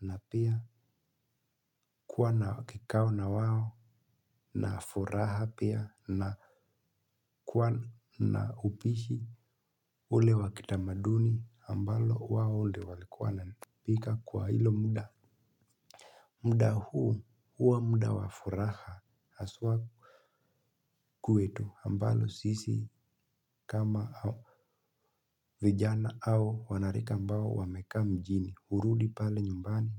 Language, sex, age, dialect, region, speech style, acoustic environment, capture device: Swahili, male, 18-24, Kenya - Nairobi Sheng-influenced, Kenya, focused; relaxed, silence, mobile / Linux / Chrome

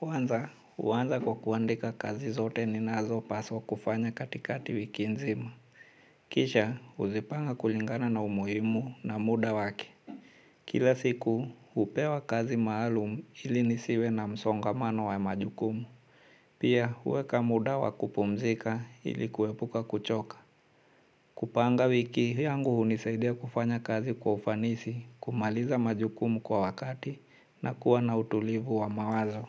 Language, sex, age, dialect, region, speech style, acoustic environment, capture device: Swahili, male, 35-44, Kenya - Mombasa, Kenya, nervous; anxious, nature; silence, mobile / Linux / Chrome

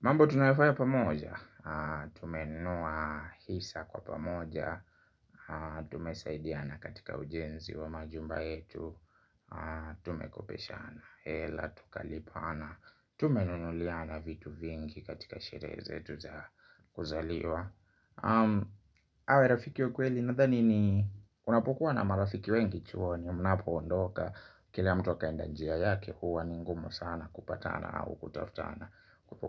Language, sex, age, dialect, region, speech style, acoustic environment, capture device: Swahili, male, 25-34, Kenya - Nairobi Sheng-influenced, Kenya, happy; relaxed; focused; excited, silence, mobile / Linux / Chrome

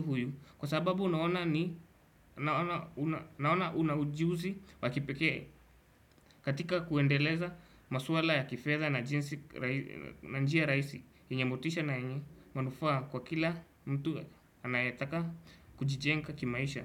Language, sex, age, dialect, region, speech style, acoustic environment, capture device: Swahili, male, 18-24, Kenya - Nairobi Sheng-influenced, Kenya, happy; anxious; relaxed; nervous; excited; focused, people talking; music; traffic; construction; appliances, desktop / Windows / Chrome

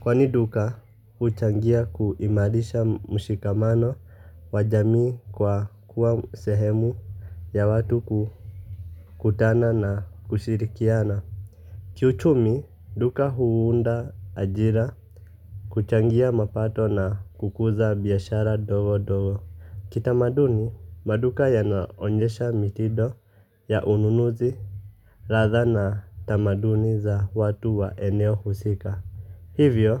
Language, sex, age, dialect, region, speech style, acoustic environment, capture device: Swahili, male, 25-34, Kenya - Nairobi Sheng-influenced, Kenya, relaxed, silence, mobile / Linux / Chrome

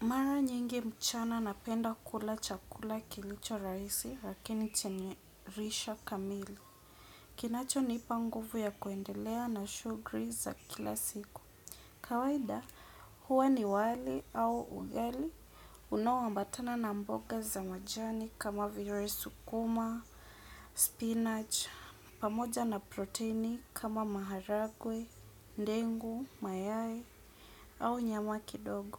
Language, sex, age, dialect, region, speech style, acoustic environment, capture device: Swahili, female, 25-34, Kenya - Nairobi Sheng-influenced, Kenya, relaxed, appliances; music, desktop / Windows / Edge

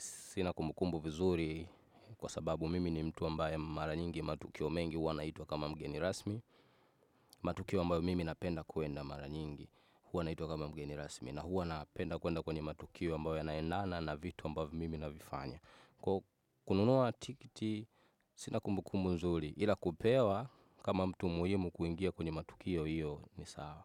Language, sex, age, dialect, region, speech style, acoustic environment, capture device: Swahili, male, 25-34, Tanzania - Dar es Salaam, Tanzania, focused, nature, desktop / Windows / Chrome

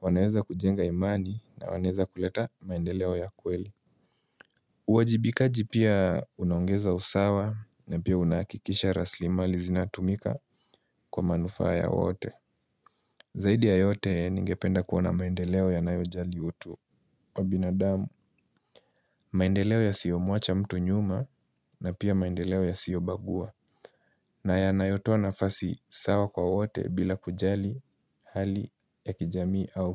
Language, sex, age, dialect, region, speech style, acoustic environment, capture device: Swahili, male, 18-24, Kenya - Nairobi Sheng-influenced, Kenya, focused; relaxed, silence, mobile / Linux / Chrome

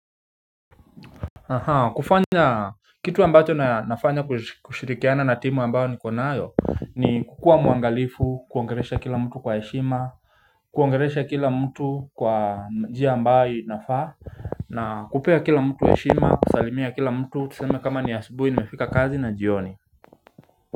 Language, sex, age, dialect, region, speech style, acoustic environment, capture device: Swahili, male, 25-34, Kenya - Nairobi Sheng-influenced, Kenya, relaxed; focused, nature, mobile / Linux / Chrome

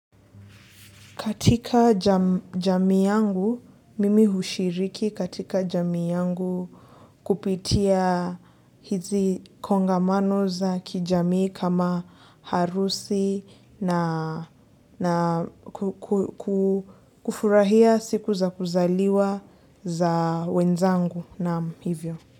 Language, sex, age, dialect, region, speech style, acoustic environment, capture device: Swahili, female, 18-24, Kenya - Nairobi Sheng-influenced, Kenya, relaxed, silence, desktop / Linux / Chrome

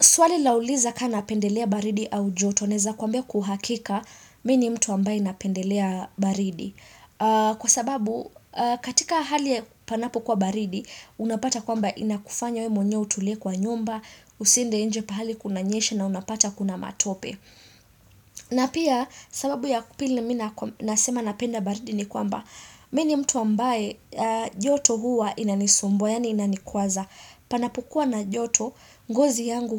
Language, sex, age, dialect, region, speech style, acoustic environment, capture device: Swahili, female, 25-34, Kenya - Nairobi Sheng-influenced, Kenya, excited; focused; happy, silence, desktop / Windows / Chrome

